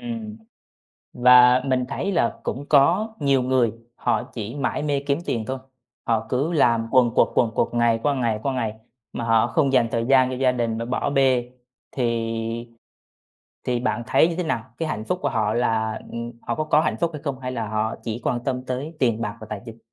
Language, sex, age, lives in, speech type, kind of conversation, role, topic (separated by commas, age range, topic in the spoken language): Vietnamese, male, 25-29, Vietnam, conversation, unstructured, none, Tiền bạc ảnh hưởng như thế nào đến hạnh phúc của bạn?